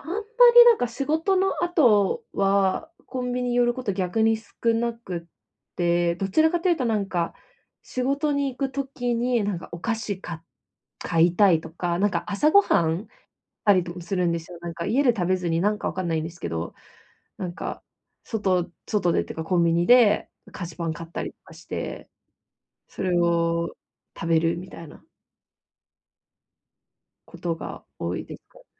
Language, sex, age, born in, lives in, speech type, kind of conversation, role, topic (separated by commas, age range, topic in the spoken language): Japanese, female, 25-29, Japan, Japan, conversation, advice, user, 節約を妨げる習慣を見直して無駄遣いを減らすにはどうしたらいいですか？